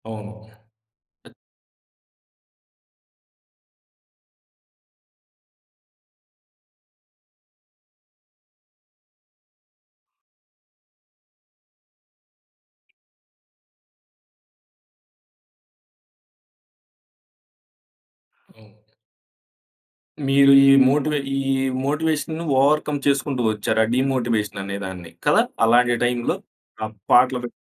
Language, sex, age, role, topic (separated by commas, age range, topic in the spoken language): Telugu, male, 25-29, host, ఒంటరిగా పనిచేసేటప్పుడు పనిపై ప్రేరణను ఎలా నిలుపుకుంటారు?